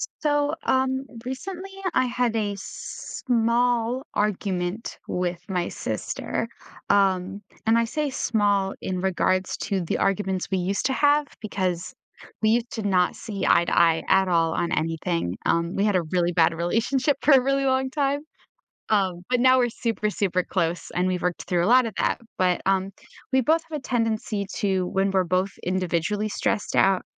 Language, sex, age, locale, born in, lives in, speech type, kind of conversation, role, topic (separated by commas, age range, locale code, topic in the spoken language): English, female, 20-24, en-US, United States, United States, conversation, advice, user, How can I apologize and let go of guilt after an argument with a family member?